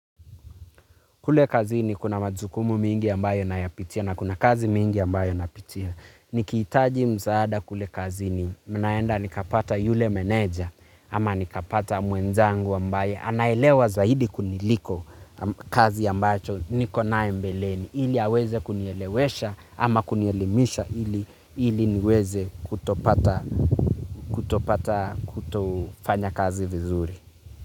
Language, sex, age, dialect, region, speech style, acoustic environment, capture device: Swahili, male, 25-34, Kenya - Nairobi Sheng-influenced, Kenya, focused, nature, mobile / Linux / Chrome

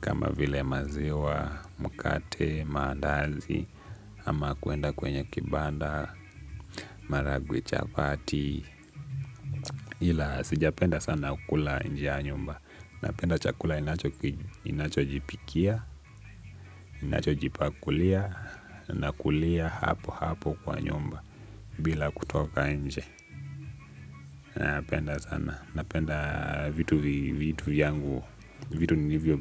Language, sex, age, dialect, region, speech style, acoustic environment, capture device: Swahili, male, 18-24, Kenya - Nairobi Sheng-influenced, Kenya, relaxed, nature; music, desktop / Linux / Chrome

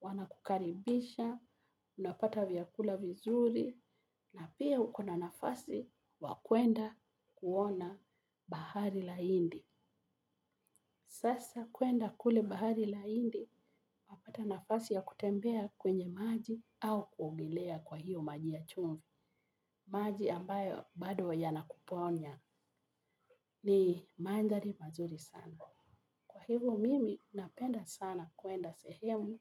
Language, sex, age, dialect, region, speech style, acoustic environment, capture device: Swahili, female, 45-54, Kenya - Nairobi Sheng-influenced, Kenya, relaxed, music, mobile / Linux / Chrome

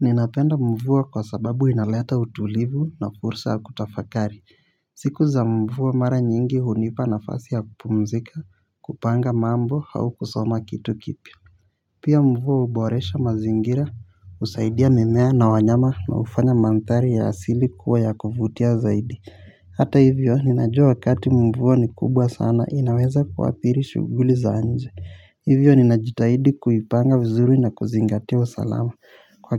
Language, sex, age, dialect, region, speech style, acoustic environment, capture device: Swahili, male, 18-24, Kenya - Nairobi Sheng-influenced, Kenya, relaxed, silence, mobile / Linux / Chrome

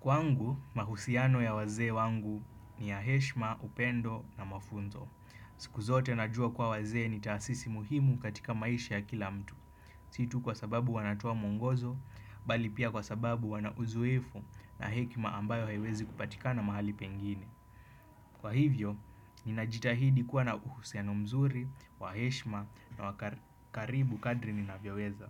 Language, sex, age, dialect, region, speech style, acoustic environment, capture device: Swahili, male, 25-34, Kenya - Nairobi Sheng-influenced, Kenya, happy; focused, nature; appliances, desktop / Windows / Chrome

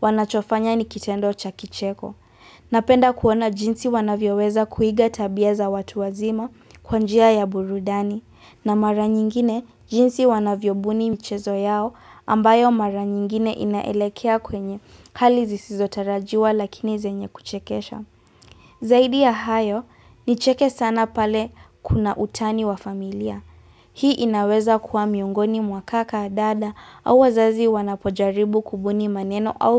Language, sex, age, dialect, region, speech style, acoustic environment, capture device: Swahili, female, 18-24, Kenya - Nairobi Sheng-influenced, Kenya, relaxed, silence; appliances, mobile / Linux / Chrome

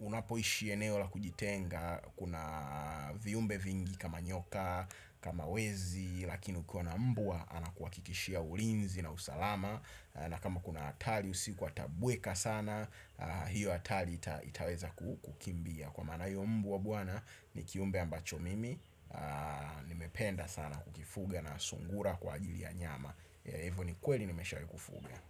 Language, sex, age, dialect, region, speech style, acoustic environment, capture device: Swahili, male, 25-34, Tanzania - Dar es Salaam, Tanzania, excited, traffic; nature; appliances, desktop / Windows / Chrome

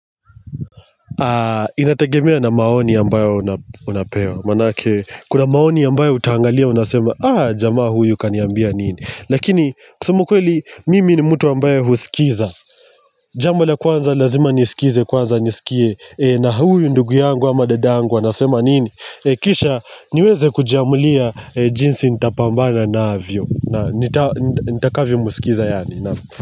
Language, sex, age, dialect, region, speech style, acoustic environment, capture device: Swahili, male, 25-34, Kenya - Mombasa, Kenya, happy; relaxed; excited, nature, mobile / Linux / Chrome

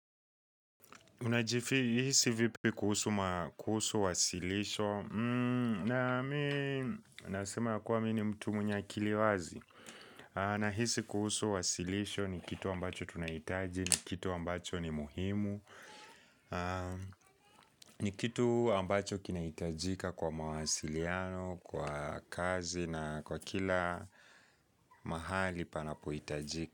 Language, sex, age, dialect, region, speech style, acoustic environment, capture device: Swahili, male, 18-24, Kenya - Nairobi Sheng-influenced, Kenya, focused, appliances; people talking, mobile / Linux / Chrome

